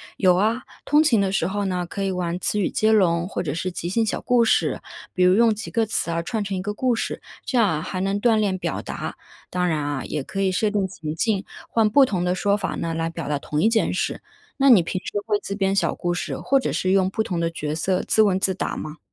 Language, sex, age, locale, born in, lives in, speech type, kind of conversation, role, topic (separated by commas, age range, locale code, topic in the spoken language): Chinese, female, 30-34, zh-CN, China, United States, read, expert-assistant, expert, 在上下班路上，有没有什么有趣的语言小练习可以做？